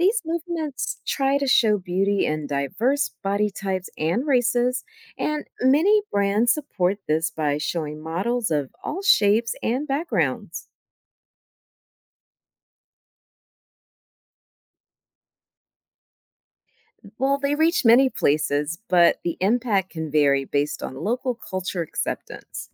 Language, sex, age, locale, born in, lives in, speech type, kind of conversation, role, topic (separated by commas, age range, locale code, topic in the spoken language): English, female, 50-54, en-US, United States, United States, read, expert-assistant, expert, How does the concept of beauty differ globally?